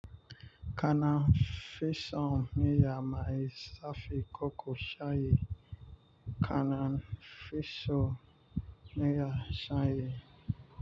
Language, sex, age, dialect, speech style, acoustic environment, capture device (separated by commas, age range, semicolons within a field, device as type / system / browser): Hausa, male, 25-34, Nigeria - Nigerian Standard, focused, nature, mobile / Linux / Chrome